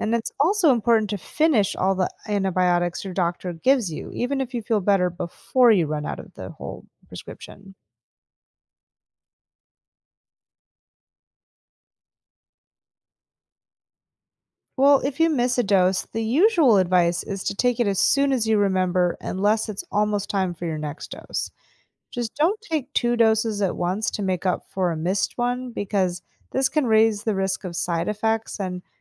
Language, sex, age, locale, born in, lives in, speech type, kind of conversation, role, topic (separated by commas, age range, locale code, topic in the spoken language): English, female, 35-39, en-US, United States, United States, read, expert-assistant, expert, What are the consequences of overusing antibiotics?